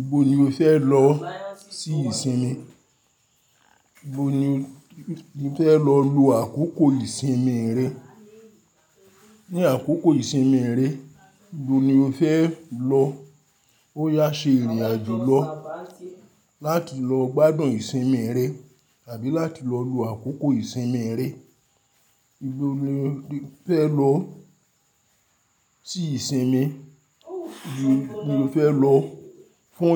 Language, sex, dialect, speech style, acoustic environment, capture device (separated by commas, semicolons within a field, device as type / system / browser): Yoruba, male, Nigeria - Ibadan, happy, silence, mobile / Linux / Chrome